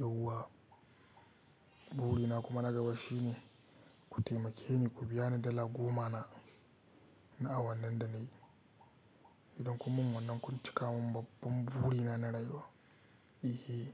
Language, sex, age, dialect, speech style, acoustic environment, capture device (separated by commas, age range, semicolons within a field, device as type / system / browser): Hausa, male, 18-24, Nigeria - Katsina, happy, silence, mobile / Linux / Chrome